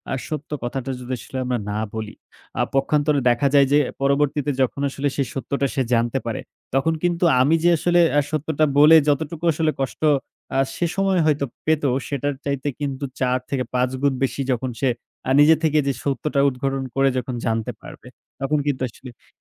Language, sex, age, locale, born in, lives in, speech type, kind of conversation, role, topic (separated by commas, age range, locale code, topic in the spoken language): Bengali, male, 20-24, bn-BD, Bangladesh, Bangladesh, conversation, unstructured, none, তুমি কি মনে করো, কখনো কখনো সত্যের চেয়ে শান্তি বেশি মূল্যবান?